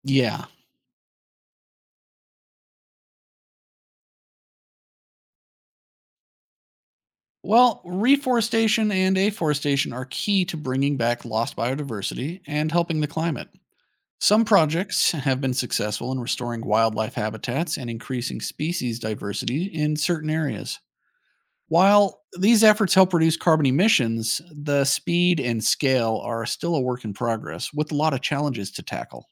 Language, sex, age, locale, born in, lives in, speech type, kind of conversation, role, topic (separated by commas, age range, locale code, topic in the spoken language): English, male, 35-39, en-US, United States, United States, read, expert-assistant, expert, What is the importance of preserving ancient forests?